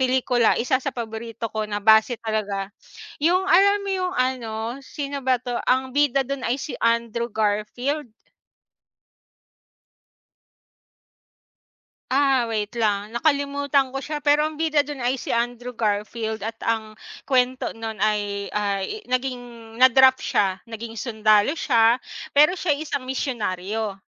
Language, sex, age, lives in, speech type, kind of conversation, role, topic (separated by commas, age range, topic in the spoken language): Filipino, female, 35-39, Philippines, conversation, unstructured, none, Anong mga katangian ang hinahanap mo sa isang magandang pelikula?